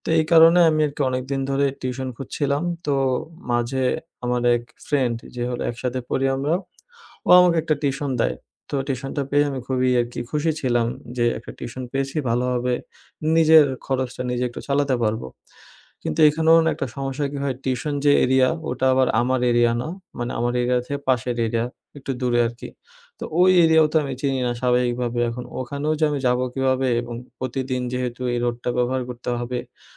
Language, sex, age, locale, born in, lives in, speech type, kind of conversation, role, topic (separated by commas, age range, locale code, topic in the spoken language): Bengali, male, 20-24, bn-BD, Bangladesh, Bangladesh, conversation, advice, user, অপরিচিত শহরে কীভাবে সহজে এবং নিরাপদে পথ খুঁজব?